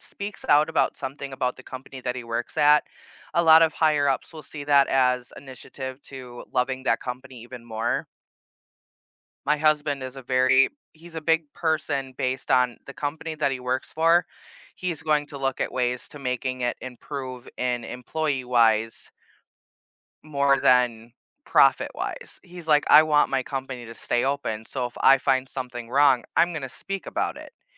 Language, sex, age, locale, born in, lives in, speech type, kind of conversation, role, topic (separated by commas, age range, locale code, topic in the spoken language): English, female, 30-34, en-US, United States, United States, conversation, advice, user, How can I build the confidence to speak up in meetings?